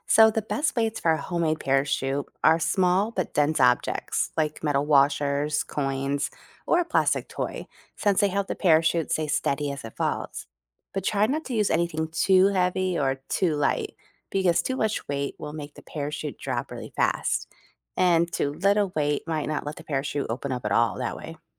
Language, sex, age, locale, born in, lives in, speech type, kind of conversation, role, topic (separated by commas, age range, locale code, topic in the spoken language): English, female, 40-44, en-US, United States, United States, read, expert-assistant, expert, How do parachutes slow down falling objects, and how can you make one?